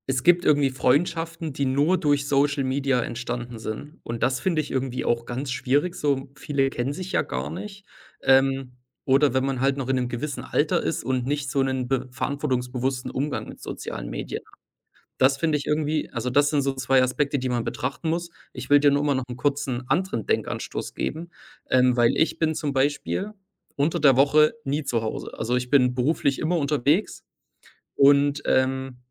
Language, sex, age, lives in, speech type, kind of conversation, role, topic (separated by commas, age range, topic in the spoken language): German, male, 25-29, Germany, conversation, unstructured, none, Wie beeinflussen soziale Medien unser Miteinander?